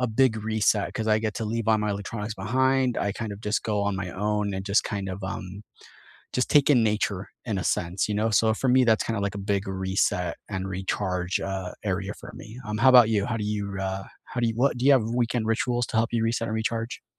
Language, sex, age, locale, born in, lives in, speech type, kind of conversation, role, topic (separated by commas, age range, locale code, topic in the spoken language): English, male, 30-34, en-US, United States, United States, conversation, unstructured, none, Which weekend rituals help you reset, recharge, and feel more connected to yourself and others?